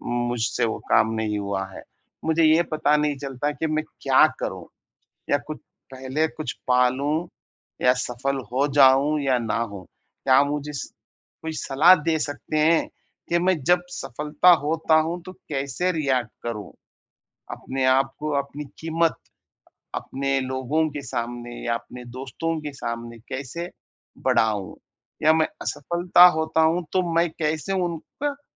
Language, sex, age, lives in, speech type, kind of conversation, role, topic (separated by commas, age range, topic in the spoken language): Hindi, male, 30-34, India, conversation, advice, user, मैं सफलता या असफलता से अपनी आत्म-कीमत को अलग कैसे रखूँ?